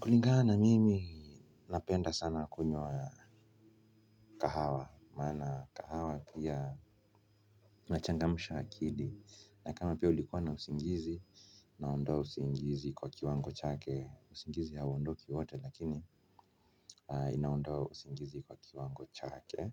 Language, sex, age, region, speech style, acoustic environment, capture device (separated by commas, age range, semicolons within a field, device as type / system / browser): Swahili, male, 25-34, Kenya, nervous; anxious; excited, silence, mobile / Linux / Chrome